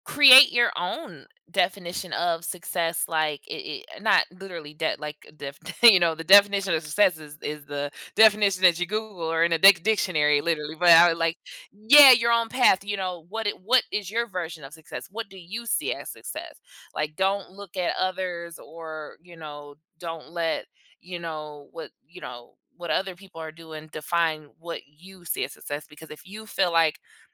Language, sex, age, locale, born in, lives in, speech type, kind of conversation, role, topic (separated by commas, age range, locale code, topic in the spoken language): English, female, 25-29, en-US, United States, United States, conversation, podcast, guest, How do your values and experiences shape your idea of success?